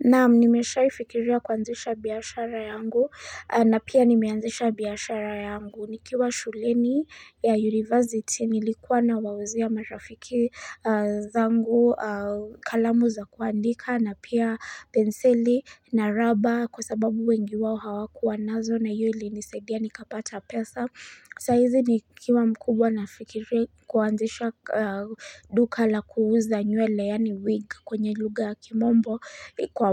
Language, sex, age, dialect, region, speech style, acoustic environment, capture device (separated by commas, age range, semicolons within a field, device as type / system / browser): Swahili, female, 25-34, Kenya - Nairobi Sheng-influenced, Kenya, happy; excited; focused, nature, mobile / Linux / Chrome